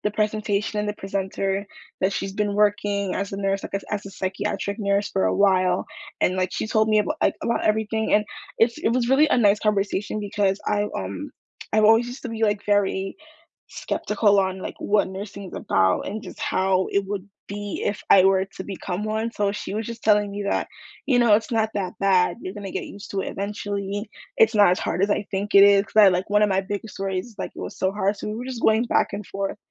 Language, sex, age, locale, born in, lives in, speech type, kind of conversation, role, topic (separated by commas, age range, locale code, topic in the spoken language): English, female, 18-19, en-US, United States, United States, conversation, unstructured, none, What made your best recent conversation with a stranger meaningful, and are these interactions worth seeking out?